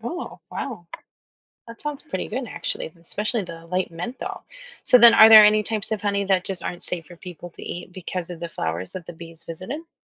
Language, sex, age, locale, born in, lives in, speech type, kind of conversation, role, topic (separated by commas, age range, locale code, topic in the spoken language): English, female, 30-34, en-US, United States, United States, read, expert-assistant, asker, How do bees make honey?